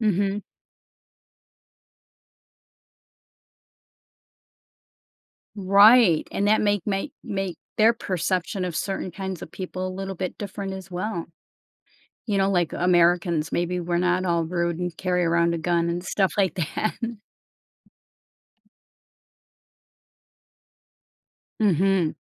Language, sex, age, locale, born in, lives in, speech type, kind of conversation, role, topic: English, female, 55-59, en-US, United States, United States, conversation, podcast, host, How do you balance work and personal life?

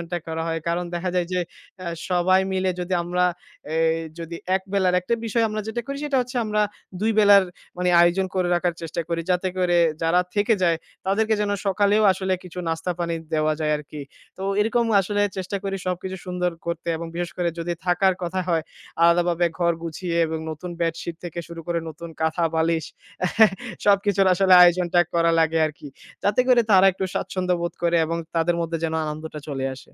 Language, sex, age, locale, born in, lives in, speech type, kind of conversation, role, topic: Bengali, male, 25-29, bn-BD, Bangladesh, Bangladesh, conversation, podcast, guest, অতিথি এলে বাড়িতে কীভাবে আতিথেয়তা করেন?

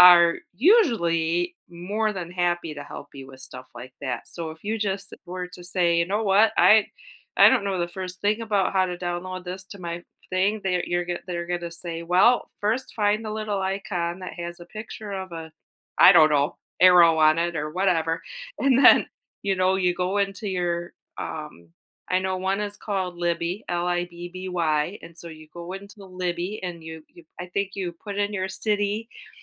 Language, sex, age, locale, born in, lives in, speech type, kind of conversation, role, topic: English, female, 45-49, en-US, United States, United States, conversation, advice, advisor, How can I make my daily routine feel more meaningful?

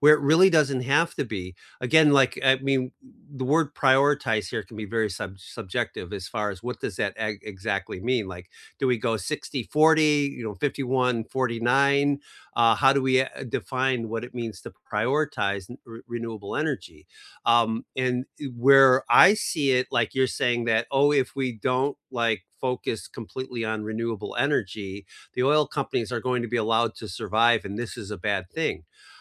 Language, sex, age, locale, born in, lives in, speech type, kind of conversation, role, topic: English, male, 55-59, en-US, United States, United States, conversation, unstructured, none, Should governments prioritize renewable energy over economic growth during downturns?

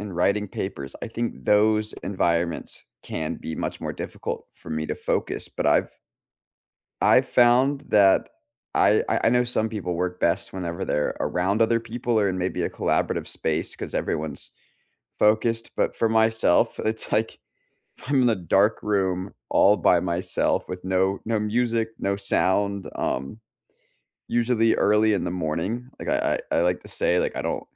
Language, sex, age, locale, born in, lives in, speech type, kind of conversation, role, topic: English, male, 25-29, en-US, United States, United States, conversation, unstructured, none, What kind of work environment helps you do your best, and what helps you sustain it?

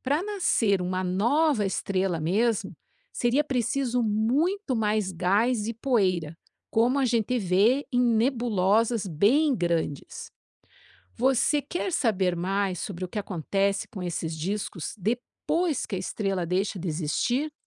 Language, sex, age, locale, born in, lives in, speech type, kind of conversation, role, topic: Portuguese, female, 50-54, pt-BR, Brazil, United States, read, expert-assistant, expert, Como as estrelas nascem e morrem?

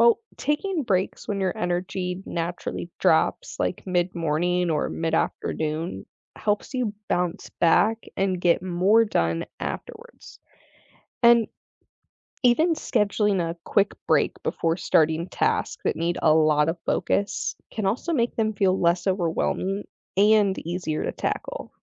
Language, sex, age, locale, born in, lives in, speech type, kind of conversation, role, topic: English, female, 20-24, en-US, United States, United States, read, expert-assistant, expert, How can setting aside time for relaxation improve your productivity?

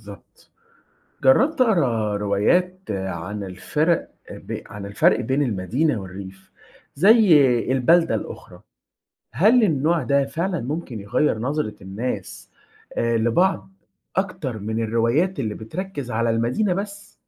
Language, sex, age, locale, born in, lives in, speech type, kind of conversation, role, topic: Arabic, male, 20-24, ar-EG, Egypt, Egypt, read, expert-assistant, asker, ممكن تشرحلي إزاي الأدب المعاصر بيعبر عن قضايا المجتمع المصري النهاردة؟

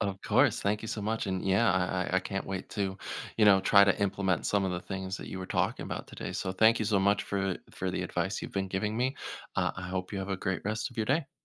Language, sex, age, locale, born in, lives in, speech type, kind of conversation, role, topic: English, male, 30-34, en-US, United States, United States, conversation, advice, user, How can I set boundaries and create more personal time when my job takes up most of my day?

